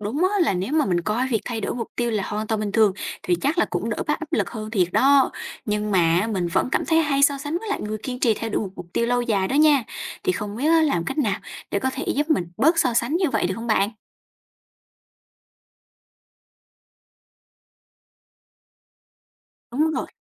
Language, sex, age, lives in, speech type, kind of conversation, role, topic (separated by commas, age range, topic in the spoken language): Vietnamese, female, 20-24, Vietnam, read, expert-assistant, asker, Làm sao để sáng tạo ra những mục tiêu vừa thực tế vừa truyền cảm hứng cho bản thân?